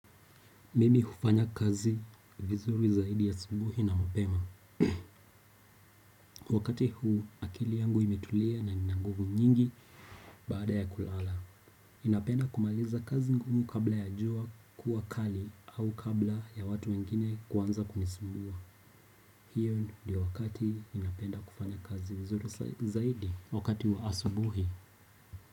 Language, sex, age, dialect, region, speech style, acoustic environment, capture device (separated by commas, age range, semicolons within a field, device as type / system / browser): Swahili, male, 18-24, Kenya - Nairobi Sheng-influenced, Kenya, relaxed; anxious, appliances; people talking; music, desktop / Windows / Chrome